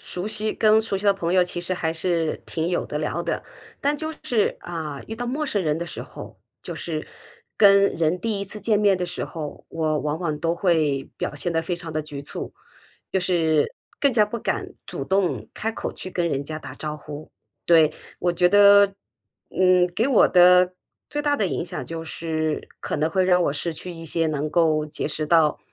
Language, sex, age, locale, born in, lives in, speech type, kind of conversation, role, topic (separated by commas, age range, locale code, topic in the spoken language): Chinese, female, 50-54, zh-CN, China, United States, conversation, advice, user, 在社交场合总是害怕被评判或被人注视，我该怎么办？